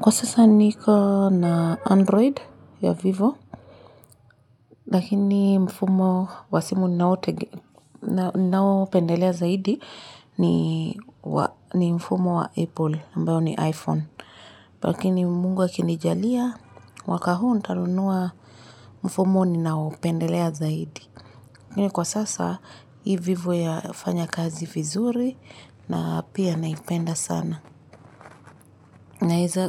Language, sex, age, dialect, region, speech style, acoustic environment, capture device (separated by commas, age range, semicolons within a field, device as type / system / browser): Swahili, female, 25-34, Kenya - Nairobi Sheng-influenced, Kenya, happy, silence, mobile / Linux / Chrome